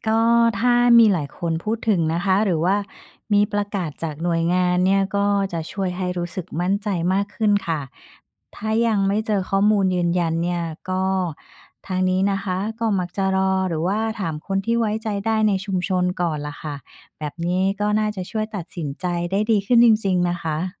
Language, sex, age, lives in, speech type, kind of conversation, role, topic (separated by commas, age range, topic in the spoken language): Thai, female, 45-49, Thailand, read, expert-assistant, asker, แหล่งข้อมูลแบบไหนที่ช่วยให้เราเห็นมุมมองหลากหลายเวลาศึกษาชุมชนในไทย?